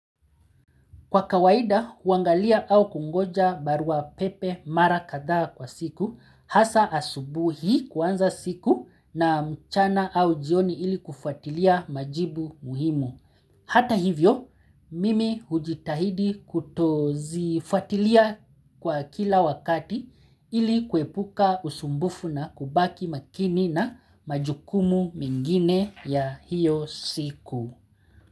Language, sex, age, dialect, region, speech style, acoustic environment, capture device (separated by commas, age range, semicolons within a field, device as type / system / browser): Swahili, male, 35-44, Kenya - Mombasa, Kenya, relaxed, nature, mobile / Linux / Chrome